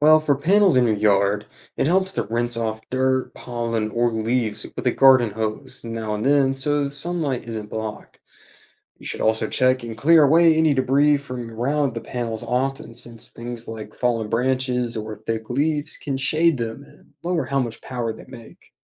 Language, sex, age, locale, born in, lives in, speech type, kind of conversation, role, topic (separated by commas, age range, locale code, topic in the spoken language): English, male, 20-24, en-US, United States, United States, read, expert-assistant, expert, How do solar panels work and what should you know about using them at home?